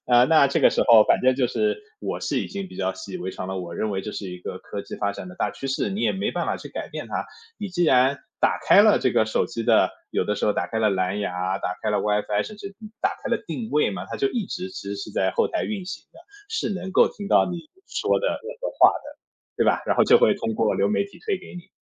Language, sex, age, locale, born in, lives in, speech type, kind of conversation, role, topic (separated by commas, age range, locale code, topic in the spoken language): Chinese, male, 30-34, zh-CN, China, United States, conversation, podcast, guest, 新技术（流媒体）是否改变了你发现音乐的方式？